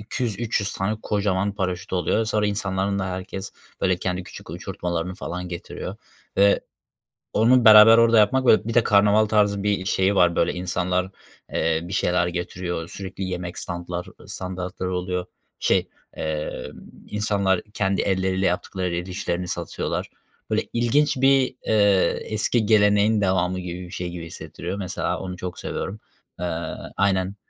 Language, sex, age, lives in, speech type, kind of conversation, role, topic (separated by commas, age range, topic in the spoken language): Turkish, male, 25-29, Germany, conversation, unstructured, none, Toplumsal etkinliklerin mutluluk üzerindeki etkisi nedir?